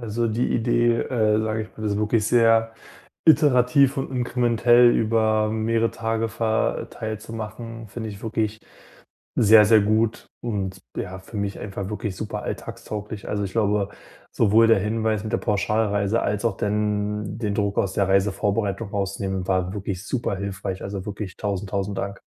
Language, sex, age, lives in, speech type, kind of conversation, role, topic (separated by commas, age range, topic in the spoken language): German, male, 25-29, Germany, conversation, advice, user, Wie plane ich kurze Urlaube trotz wenig Zeit effizient?